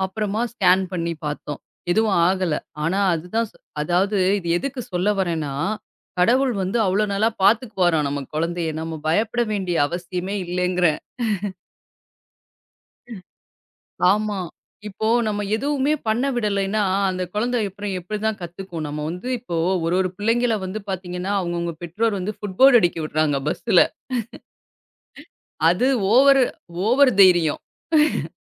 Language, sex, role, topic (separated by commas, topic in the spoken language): Tamil, female, guest, பிள்ளைகளை மிகையாக பாதுகாப்பது நல்லதா, அவர்களுக்கு சுதந்திரம் கொடுப்பதே நல்லதா?